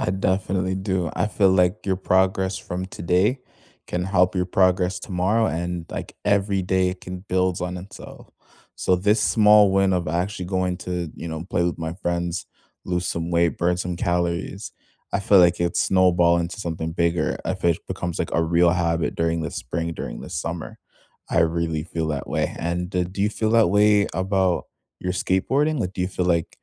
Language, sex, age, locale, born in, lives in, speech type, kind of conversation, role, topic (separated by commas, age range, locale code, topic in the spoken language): English, male, 20-24, en-CA, Canada, Canada, conversation, unstructured, none, What small win from this week are you celebrating?